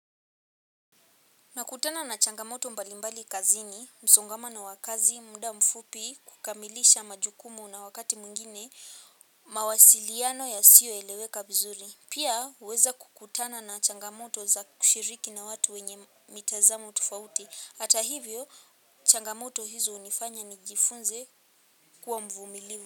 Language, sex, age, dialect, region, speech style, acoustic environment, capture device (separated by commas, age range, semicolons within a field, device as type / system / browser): Swahili, female, 18-24, Kenya - Nairobi Sheng-influenced, Kenya, relaxed, silence, mobile / Linux / Chrome